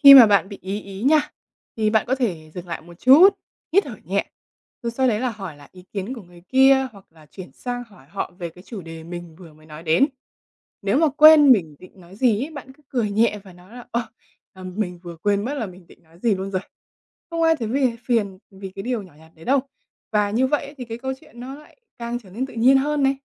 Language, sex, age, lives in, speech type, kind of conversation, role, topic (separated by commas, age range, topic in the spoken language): Vietnamese, female, 20-24, Vietnam, read, expert-assistant, expert, Làm thế nào để xây dựng sự tự tin